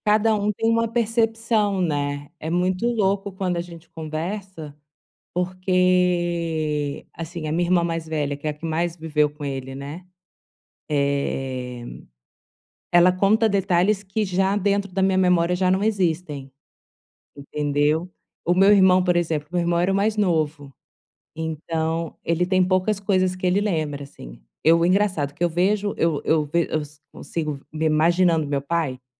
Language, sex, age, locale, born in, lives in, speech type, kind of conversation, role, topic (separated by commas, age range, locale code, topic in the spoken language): Portuguese, female, 35-39, pt-BR, Brazil, Spain, conversation, advice, user, Como posso lidar com a saudade e as lembranças?